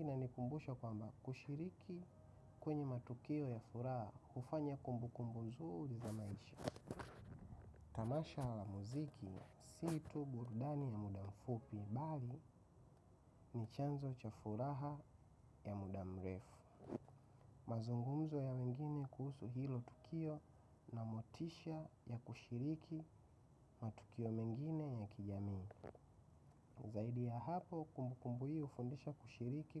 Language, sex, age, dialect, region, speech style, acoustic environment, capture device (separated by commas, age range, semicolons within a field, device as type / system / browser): Swahili, male, 25-34, Tanzania - Dar es Salaam, Tanzania, happy; relaxed, silence; nature; appliances, mobile / macOS / Safari